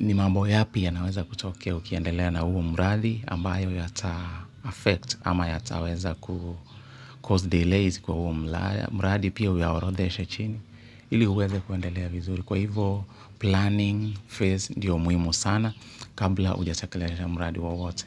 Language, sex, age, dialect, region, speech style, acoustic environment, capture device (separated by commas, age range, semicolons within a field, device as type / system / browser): Swahili, male, 35-44, Kenya - Nairobi Sheng-influenced, Kenya, happy; relaxed; excited; nervous, people talking; appliances, mobile / Linux / Chrome